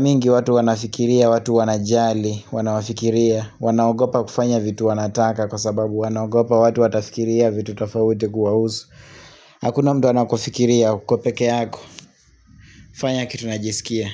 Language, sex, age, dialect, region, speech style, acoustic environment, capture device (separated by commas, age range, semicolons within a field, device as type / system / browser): Swahili, male, 25-34, Kenya - Nairobi Sheng-influenced, Kenya, relaxed, people talking; silence, mobile / Linux / Chrome